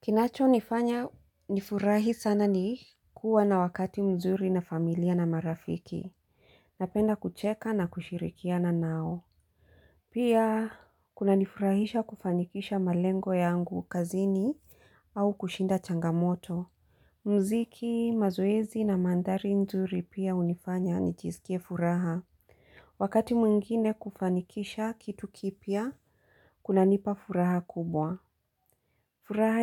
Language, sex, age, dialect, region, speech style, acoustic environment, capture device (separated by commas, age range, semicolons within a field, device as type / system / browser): Swahili, female, 35-44, Kenya - Mombasa, Kenya, happy, silence, desktop / Linux / Chrome